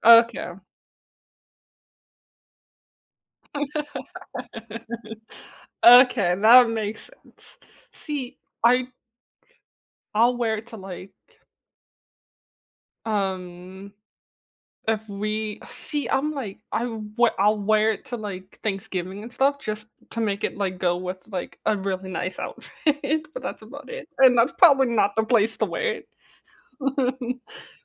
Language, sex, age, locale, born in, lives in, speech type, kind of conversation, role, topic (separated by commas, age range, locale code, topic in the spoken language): English, female, 20-24, en-US, United States, United States, conversation, podcast, host, What does it mean to express your true self through your personal style?